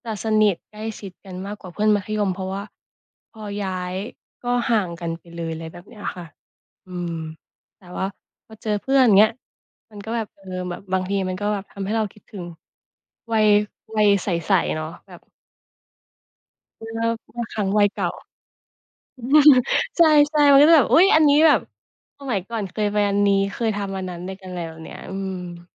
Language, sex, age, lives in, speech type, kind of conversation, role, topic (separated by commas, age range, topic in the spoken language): Thai, female, 25-29, Thailand, conversation, unstructured, none, คุณชอบทำกิจกรรมยามว่างแบบไหนมากที่สุด?